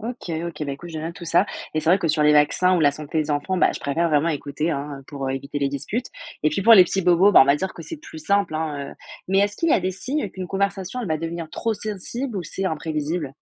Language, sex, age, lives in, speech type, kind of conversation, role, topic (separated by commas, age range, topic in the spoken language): French, female, 20-24, France, read, expert-assistant, asker, Quelles idées pourrait-on tester pour mélanger astuces de grand-mère et conseils du médecin ?